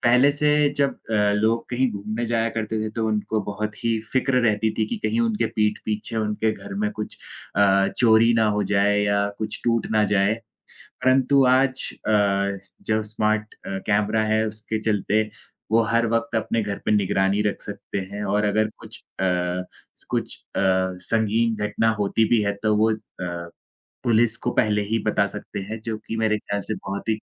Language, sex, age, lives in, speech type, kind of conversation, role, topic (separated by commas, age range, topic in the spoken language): Hindi, male, 20-24, India, conversation, unstructured, none, क्या आप घर के स्वचालित उपकरणों का उपयोग करते हैं, और कैसे?